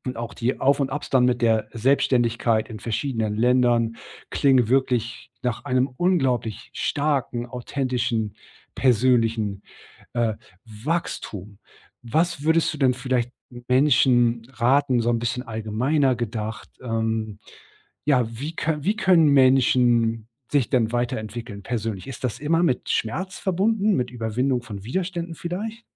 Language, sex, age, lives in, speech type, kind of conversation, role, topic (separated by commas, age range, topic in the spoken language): German, male, 40-44, Germany, conversation, podcast, host, Welche Entscheidung hat dich am stärksten persönlich wachsen lassen?